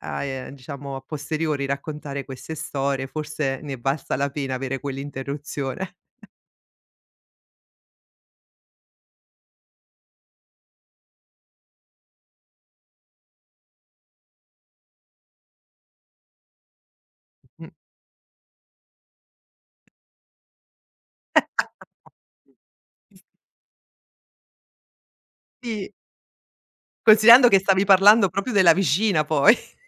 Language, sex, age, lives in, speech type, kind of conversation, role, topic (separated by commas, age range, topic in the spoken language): Italian, female, 45-49, Italy, conversation, podcast, host, Come gestisci le interruzioni di familiari o coinquilini mentre lavori o sei concentrato?